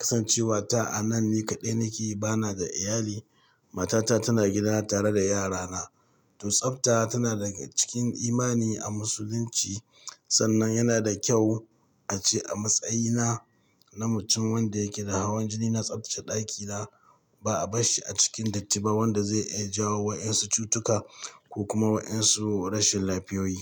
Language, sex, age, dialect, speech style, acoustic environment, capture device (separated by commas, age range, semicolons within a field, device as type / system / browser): Hausa, male, 45-54, Nigeria - Katsina, happy; excited; focused, people talking; nature, mobile / Linux / Chrome